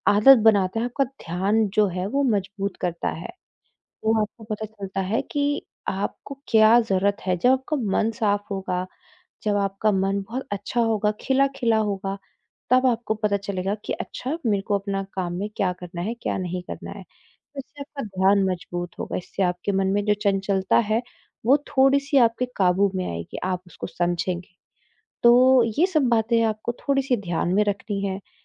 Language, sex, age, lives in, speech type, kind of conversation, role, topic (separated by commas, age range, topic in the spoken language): Hindi, female, 25-29, India, conversation, advice, advisor, मैं अपना ध्यान लंबे समय तक कैसे बनाए रखूँ?